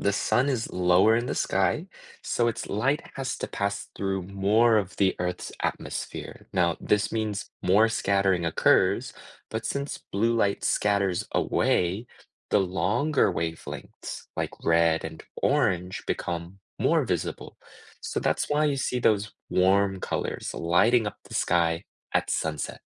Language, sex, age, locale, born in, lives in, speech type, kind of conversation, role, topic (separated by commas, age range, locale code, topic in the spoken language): English, male, 25-29, en-US, United States, United States, read, expert-assistant, expert, What makes the sky blue?